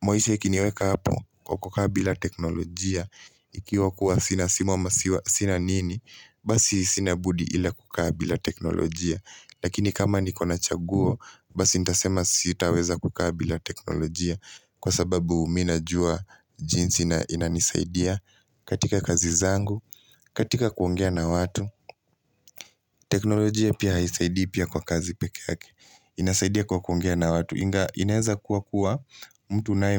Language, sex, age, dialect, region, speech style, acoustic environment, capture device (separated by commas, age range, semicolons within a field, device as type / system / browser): Swahili, male, 18-24, Kenya - Nairobi Sheng-influenced, Kenya, relaxed; focused, silence, mobile / Linux / Chrome